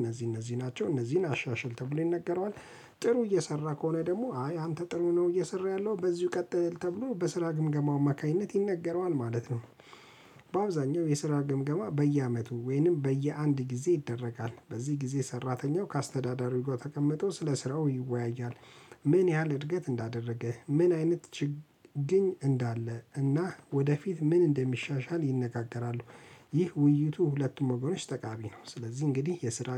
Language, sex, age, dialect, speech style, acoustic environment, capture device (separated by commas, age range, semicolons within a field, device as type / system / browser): Amharic, male, 35-44, Ethiopia - Addis Ababa, relaxed; focused, silence, mobile / Linux / Chrome